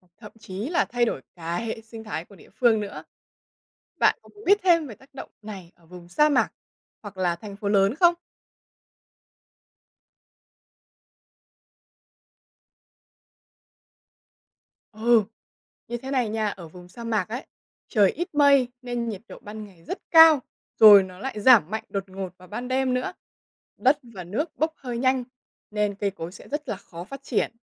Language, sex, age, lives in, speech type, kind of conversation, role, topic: Vietnamese, female, 20-24, Vietnam, read, expert-assistant, expert, Vì sao có các loại mây khác nhau trên trời?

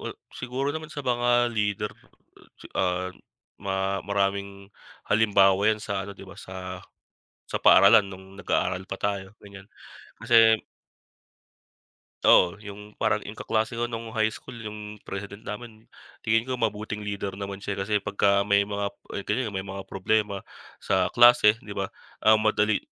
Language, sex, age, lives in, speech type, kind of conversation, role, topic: Filipino, male, 25-29, Philippines, conversation, unstructured, none, Ano ang mga katangian ng isang mabuting lider?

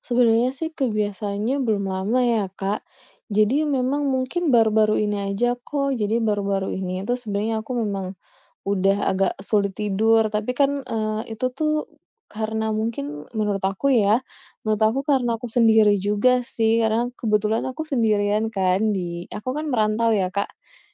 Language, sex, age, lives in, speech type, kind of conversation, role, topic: Indonesian, female, 30-34, Indonesia, conversation, advice, user, Apakah kebiasaan menatap layar sebelum tidur membuat Anda sulit rileks dan tertidur?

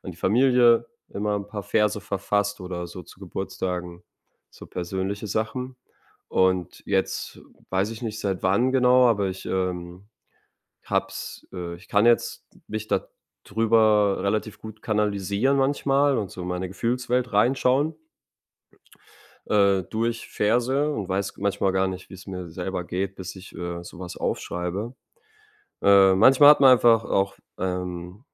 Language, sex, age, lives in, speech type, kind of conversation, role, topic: German, male, 25-29, Germany, conversation, advice, user, Wie kann ich Sinn in meinem Leben finden, indem ich etwas Bleibendes hinterlasse?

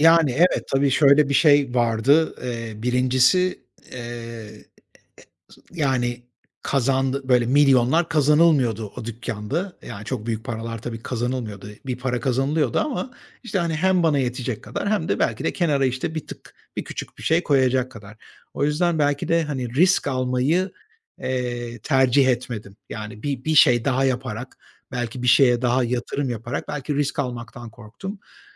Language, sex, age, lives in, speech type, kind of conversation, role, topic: Turkish, male, 45-49, Spain, conversation, podcast, guest, Gençken kendine ne söylemek isterdin?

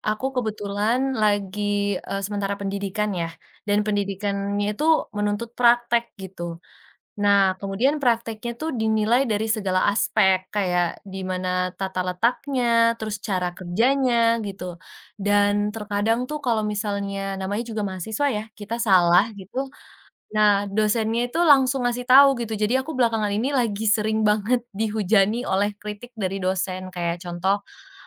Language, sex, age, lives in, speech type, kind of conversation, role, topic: Indonesian, female, 20-24, Indonesia, conversation, podcast, guest, Bagaimana kamu menerima kritik dari orang lain?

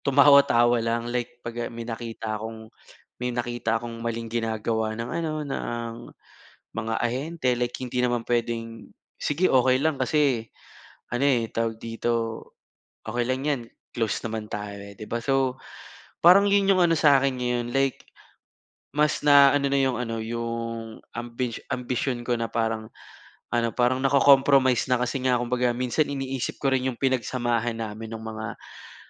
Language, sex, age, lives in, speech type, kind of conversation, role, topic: Filipino, male, 25-29, Philippines, conversation, advice, user, Paano ko babalansehin ang ambisyon ko sa trabaho at ang pakikisama sa opisina?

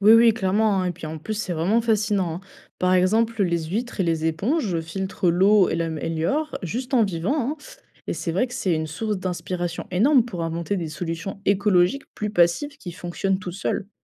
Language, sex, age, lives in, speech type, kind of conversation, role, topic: French, female, 20-24, France, read, expert-assistant, expert, Comment la vie sous-marine pourrait-elle nous donner des idées pour inventer de nouveaux objets du quotidien ?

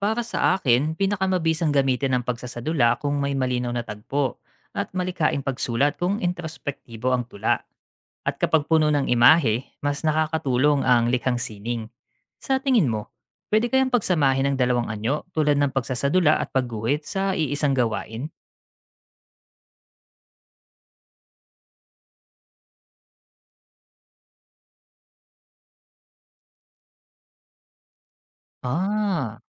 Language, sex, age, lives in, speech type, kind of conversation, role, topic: Filipino, male, 35-39, Philippines, read, expert-assistant, asker, Anong mga paraan ang epektibo para maunawaan ang mensahe ng isang tula, lalo na kung malalim ang mga salita?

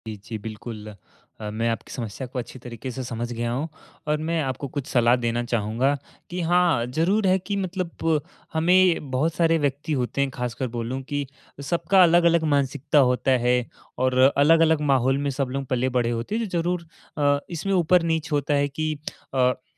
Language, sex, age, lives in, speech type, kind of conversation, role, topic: Hindi, male, 18-19, India, conversation, advice, advisor, सार्वजनिक रूप से बोलते समय मुझे अधिक आत्मविश्वास कैसे मिल सकता है?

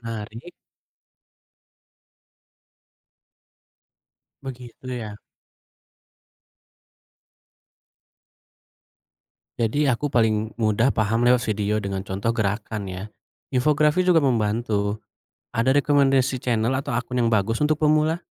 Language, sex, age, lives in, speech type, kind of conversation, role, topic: Indonesian, male, 30-34, Indonesia, read, expert-assistant, asker, Bagaimana kita bisa tahu apakah tips latihan kekuatan yang viral di media sosial benar-benar bisa dipercaya?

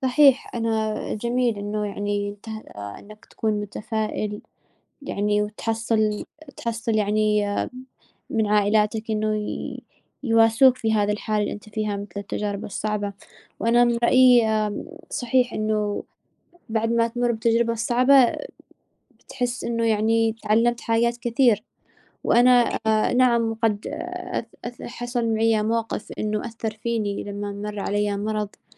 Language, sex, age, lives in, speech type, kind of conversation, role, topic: Arabic, female, 20-24, United States, conversation, unstructured, none, إزاي التجارب الصعبة بتأثر على إحساسك بنفسك؟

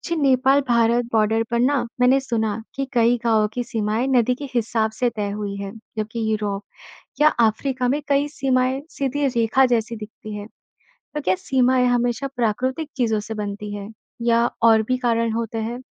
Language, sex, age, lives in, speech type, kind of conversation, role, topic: Hindi, male, 55-59, India, read, expert-assistant, asker, क्या वाकई में कुछ देशों की सीमाएँ प्राकृतिक नदियों या पहाड़ों के हिसाब से बनती हैं, या इसमें और भी बातें शामिल होती हैं?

